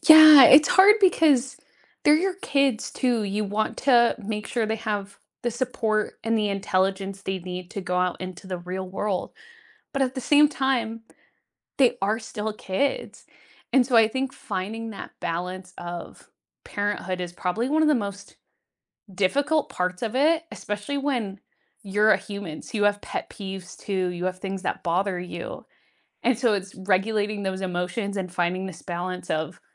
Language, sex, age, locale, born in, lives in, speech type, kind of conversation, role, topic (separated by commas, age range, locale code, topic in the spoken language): English, female, 25-29, en-US, United States, United States, conversation, unstructured, none, What is your biggest pet peeve about daily habits?